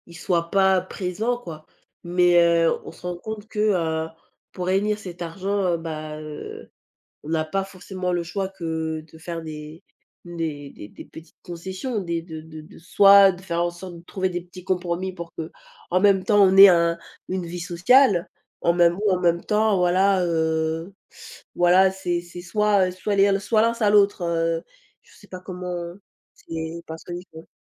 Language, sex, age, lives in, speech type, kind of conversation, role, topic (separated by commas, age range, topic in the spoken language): French, female, 20-24, France, conversation, unstructured, none, Comment vois-tu l’impact du télétravail sur notre quotidien ?